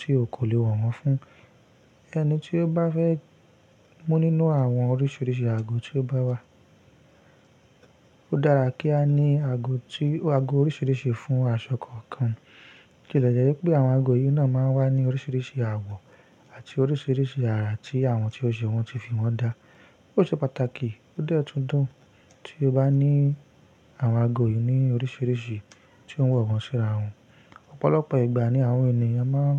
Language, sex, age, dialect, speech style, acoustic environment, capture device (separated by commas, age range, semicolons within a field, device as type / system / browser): Yoruba, male, 25-34, Nigeria - Ibadan, stressed; focused, nature; silence, mobile / Linux / Chrome